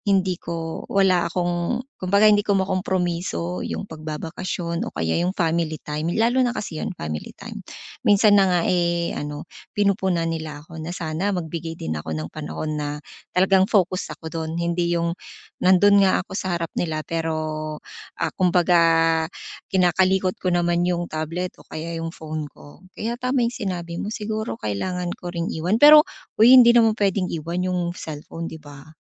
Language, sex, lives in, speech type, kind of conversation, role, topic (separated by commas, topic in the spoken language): Filipino, female, Philippines, conversation, advice, user, Paano ko mamamahalaang mabuti ang oras at stress habang nagbabakasyon?